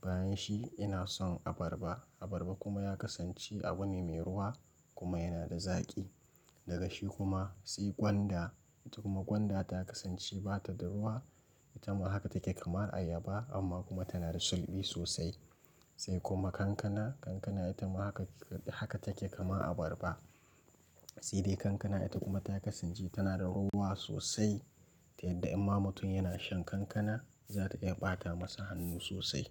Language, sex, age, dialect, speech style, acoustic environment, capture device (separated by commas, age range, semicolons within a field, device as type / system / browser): Hausa, male, 18-24, Nigeria - Nigerian Standard, relaxed; focused, silence, mobile / Linux / Chrome